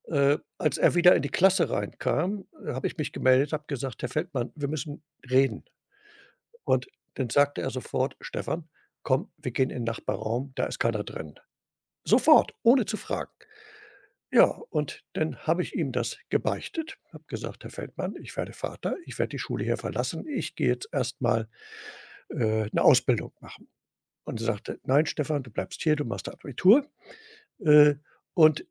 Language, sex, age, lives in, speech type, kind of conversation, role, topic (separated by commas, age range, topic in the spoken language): German, male, 65-69, Germany, conversation, podcast, guest, Welcher Lehrer oder welche Lehrerin hat dich besonders geprägt?